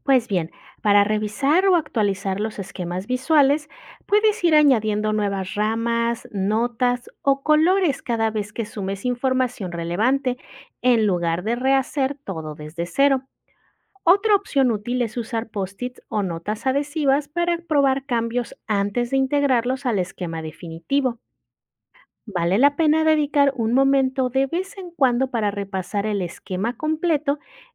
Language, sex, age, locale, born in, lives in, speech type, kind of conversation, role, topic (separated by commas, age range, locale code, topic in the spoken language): Spanish, female, 35-39, es-MX, Mexico, Mexico, read, expert-assistant, expert, ¿Cómo organizar y comprender el estudio de la teología de manera práctica?